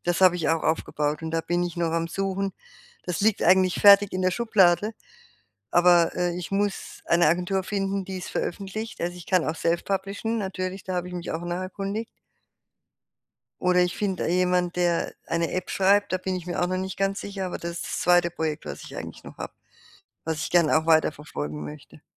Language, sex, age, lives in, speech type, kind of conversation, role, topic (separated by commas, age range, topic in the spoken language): German, female, 60-64, Germany, conversation, advice, user, Wie finde ich heraus, ob ich meinen Lebensweg neu ausrichten sollte?